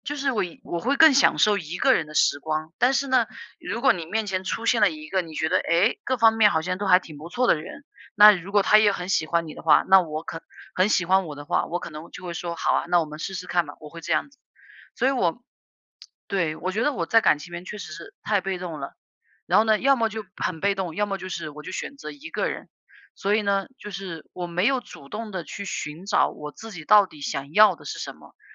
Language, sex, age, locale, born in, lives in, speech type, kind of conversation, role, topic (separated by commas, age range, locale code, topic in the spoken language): Chinese, female, 30-34, zh-CN, China, United States, conversation, unstructured, none, 恋爱中最让你感动的瞬间是什么？